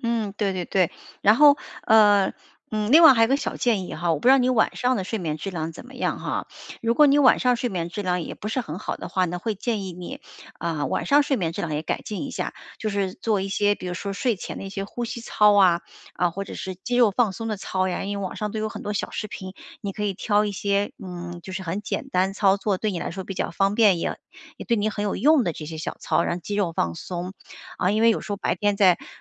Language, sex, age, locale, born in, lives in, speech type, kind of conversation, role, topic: Chinese, female, 45-49, zh-CN, China, United States, conversation, advice, advisor, 如何通过小睡恢复白天的精力？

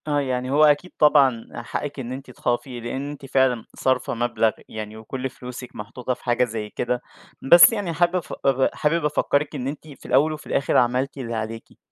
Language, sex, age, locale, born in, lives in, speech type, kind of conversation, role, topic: Arabic, male, 20-24, ar-EG, Egypt, Egypt, conversation, advice, advisor, إزاي أتعامل مع خوفي المتكرر إن مشروعي يفشل وأخسر كل اللي استثمرته؟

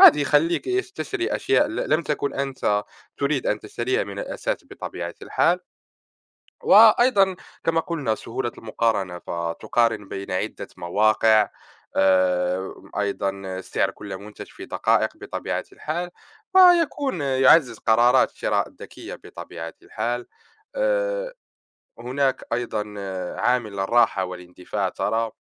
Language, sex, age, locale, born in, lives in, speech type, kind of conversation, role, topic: Arabic, male, 20-24, ar-MA, Morocco, France, conversation, unstructured, none, إنت بتفضّل التسوق أونلاين ولا من المحلات؟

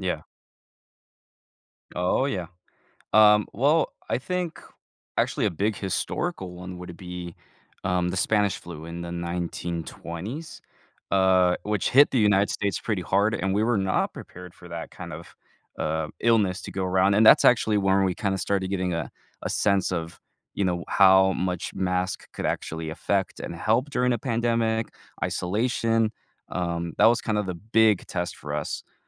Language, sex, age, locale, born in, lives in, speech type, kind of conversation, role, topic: English, male, 20-24, en-US, United States, United States, conversation, podcast, guest, How do the achievements and ideas of ancient civilizations continue to shape our world today?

